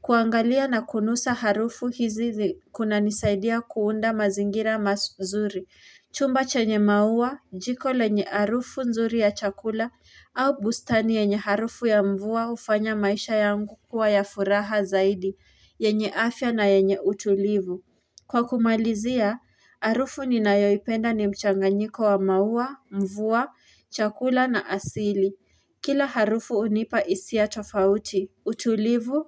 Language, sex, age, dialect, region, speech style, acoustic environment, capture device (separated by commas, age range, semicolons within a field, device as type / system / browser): Swahili, female, 18-24, Kenya - Nairobi Sheng-influenced, Kenya, anxious; relaxed; focused, nature, mobile / Linux / Chrome